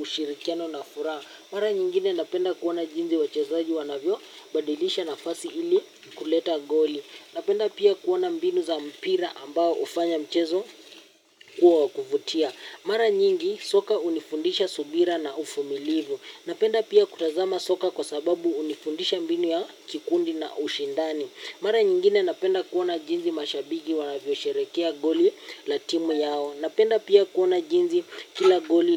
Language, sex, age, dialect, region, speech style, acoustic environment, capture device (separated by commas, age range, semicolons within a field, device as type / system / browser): Swahili, male, 18-24, Kenya - Nairobi Sheng-influenced, Kenya, happy, nature, mobile / Linux / Chrome